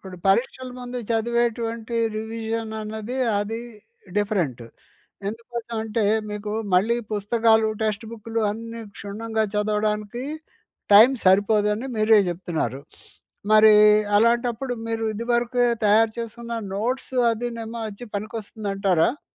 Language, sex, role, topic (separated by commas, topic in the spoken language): Telugu, male, host, పవర్ నాప్ గురించి మీ అభిప్రాయం ఏమిటి?